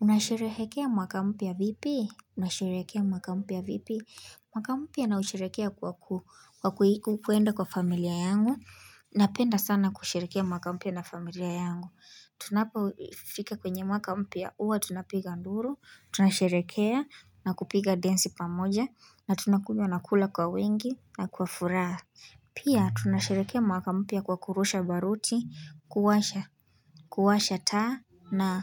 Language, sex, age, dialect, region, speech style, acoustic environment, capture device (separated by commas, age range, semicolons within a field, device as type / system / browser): Swahili, female, 18-24, Kenya - Nairobi Sheng-influenced, Kenya, happy, music; silence, mobile / Linux / Chrome